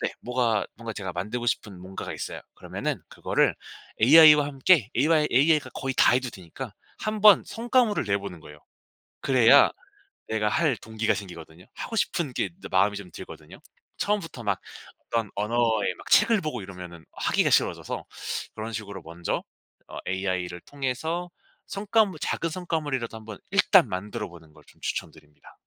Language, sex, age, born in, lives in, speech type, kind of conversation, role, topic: Korean, male, 25-29, South Korea, South Korea, conversation, podcast, guest, 그 취미는 어떻게 시작하게 되셨나요?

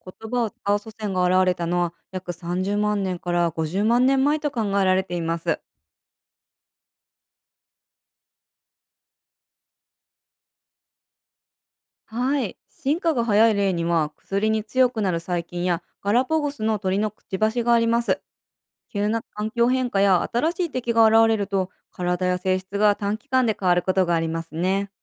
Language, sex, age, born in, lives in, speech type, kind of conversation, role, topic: Japanese, female, 30-34, Japan, United States, read, expert-assistant, expert, 「よく“進化の証拠”として化石が紹介されますが、それ以外にどんな証拠があるんですか？分かりやすく教えてもらえますか？」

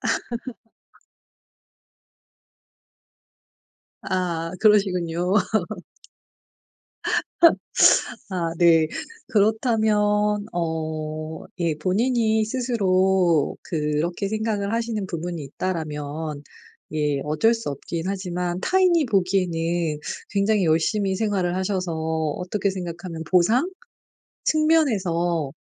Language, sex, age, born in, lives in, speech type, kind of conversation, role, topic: Korean, female, 40-44, South Korea, South Korea, conversation, advice, advisor, 자기비판을 줄이고 자기수용을 늘리려면 지금 무엇부터 시작하면 좋을까요?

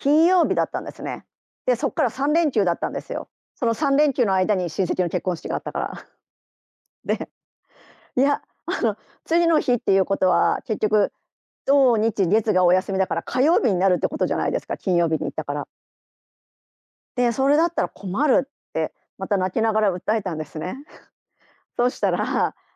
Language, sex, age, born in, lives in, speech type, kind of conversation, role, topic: Japanese, female, 50-54, Japan, Japan, conversation, podcast, guest, 旅行中に偶然誰かに親切にしてもらった経験を聞かせてくれますか？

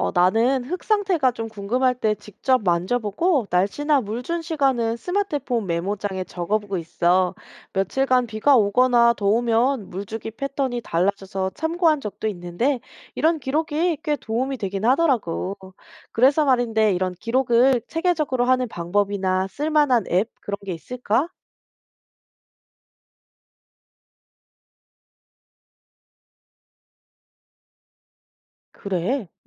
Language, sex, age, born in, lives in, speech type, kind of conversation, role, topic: Korean, female, 30-34, South Korea, South Korea, read, expert-assistant, asker, 첨단 기술(예: 스마트팜, 드론)이 지속 가능한 농업에 주는 이익과 잠재적 문제점은 무엇인가요?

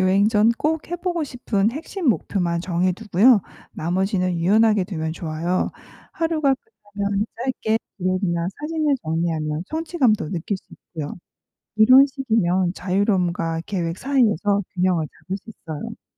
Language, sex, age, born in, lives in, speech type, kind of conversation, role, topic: Korean, female, 45-49, South Korea, United States, read, expert-assistant, expert, 즉흥 여행과 꼼꼼한 계획 여행, 각 방식의 장단점은 무엇이고 본인에게 맞는 방법은 어떻게 찾으셨나요?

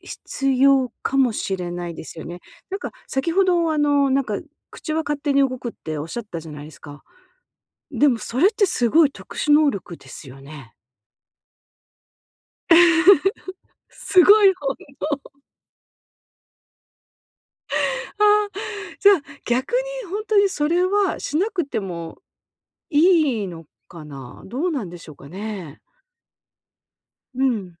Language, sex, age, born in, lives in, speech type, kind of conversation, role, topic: Japanese, female, 50-54, Japan, United States, conversation, advice, advisor, 圧倒されたときにすぐ落ち着くためのグラウンディング方法は何ですか？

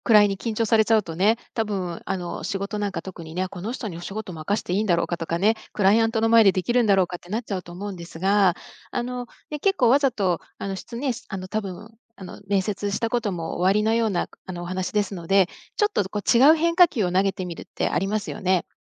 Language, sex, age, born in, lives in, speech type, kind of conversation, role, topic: Japanese, female, 55-59, Japan, United States, conversation, advice, advisor, 人前で話すと強い緊張に襲われる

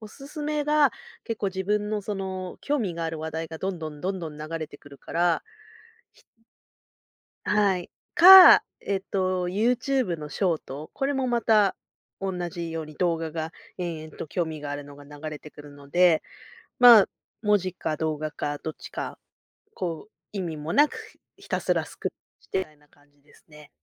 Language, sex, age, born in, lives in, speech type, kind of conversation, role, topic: Japanese, female, 40-44, Japan, Japan, conversation, podcast, guest, 現実逃避としてSNSとどう向き合っていますか？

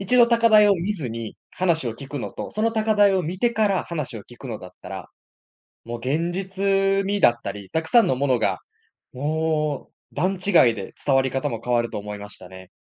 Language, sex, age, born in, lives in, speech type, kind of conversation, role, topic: Japanese, male, 20-24, Japan, Japan, conversation, podcast, guest, 言葉を通して文化を次の世代にどのように伝えればよいですか？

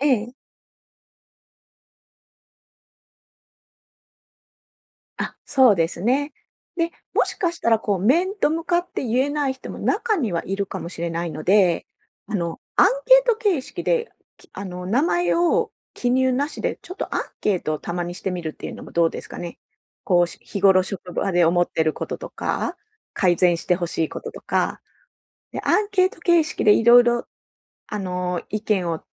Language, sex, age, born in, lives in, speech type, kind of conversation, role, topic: Japanese, female, 45-49, Japan, Japan, conversation, advice, advisor, どうすれば他人の批判と自分の価値を切り離して受け止められますか？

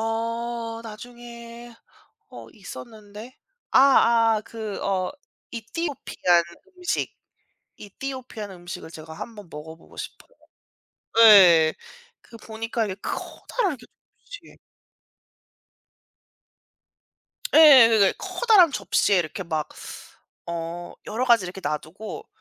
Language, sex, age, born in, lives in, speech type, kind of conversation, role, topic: Korean, female, 35-39, South Korea, United States, conversation, unstructured, none, 가족과 함께 가고 싶은 맛집은 어디인가요?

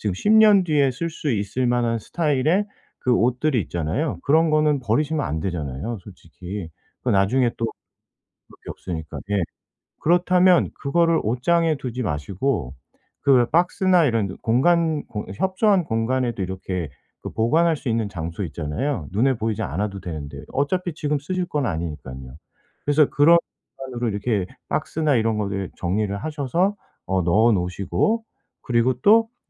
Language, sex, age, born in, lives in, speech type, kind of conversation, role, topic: Korean, male, 45-49, South Korea, South Korea, conversation, advice, advisor, 집에 있는 불필요한 물건을 어떻게 효율적으로 정리하고 처분할까요?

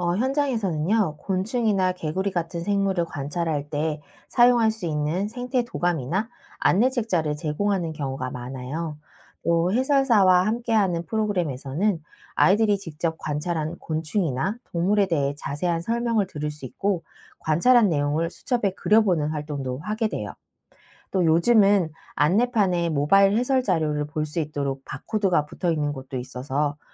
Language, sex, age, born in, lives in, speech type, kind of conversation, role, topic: Korean, female, 40-44, South Korea, United States, read, expert-assistant, expert, 에코 투어리즘이란 무엇이며 아이들과 어떻게 체험할 수 있나요?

